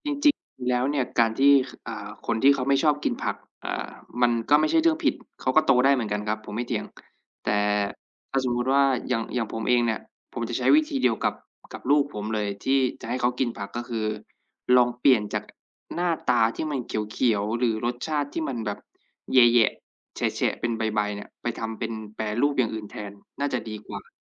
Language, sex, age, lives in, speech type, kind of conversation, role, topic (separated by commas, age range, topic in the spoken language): Thai, male, 25-29, Thailand, conversation, unstructured, none, ถ้าคุณต้องโน้มน้าวเพื่อนให้กินผัก คุณจะพูดอย่างไร?